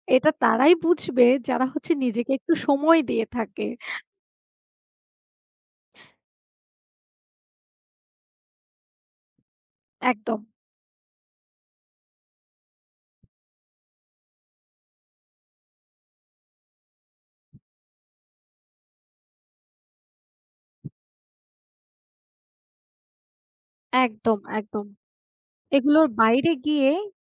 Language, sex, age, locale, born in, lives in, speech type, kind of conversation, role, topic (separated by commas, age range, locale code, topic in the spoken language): Bengali, female, 25-29, bn-BD, Bangladesh, Bangladesh, conversation, unstructured, none, আপনি কীভাবে আপনার মানসিক স্বাস্থ্য ভালো রাখেন?